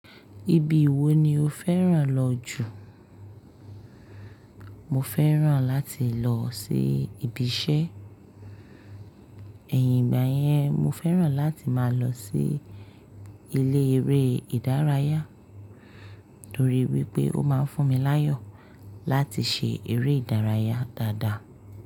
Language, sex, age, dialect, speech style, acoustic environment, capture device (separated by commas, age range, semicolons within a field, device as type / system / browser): Yoruba, female, 25-34, Nigeria - Lagos Yoruba, happy; focused, appliances, mobile / Linux / Chrome